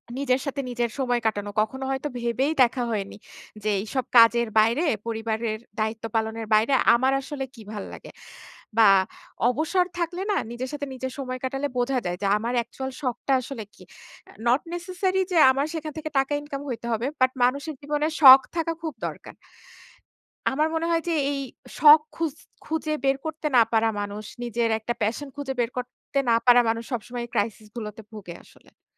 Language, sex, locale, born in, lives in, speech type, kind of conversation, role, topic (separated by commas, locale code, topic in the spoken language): Bengali, female, bn-BD, Bangladesh, Bangladesh, conversation, unstructured, none, আপনি নিজের জন্য সময় বের করতে কীভাবে চেষ্টা করেন?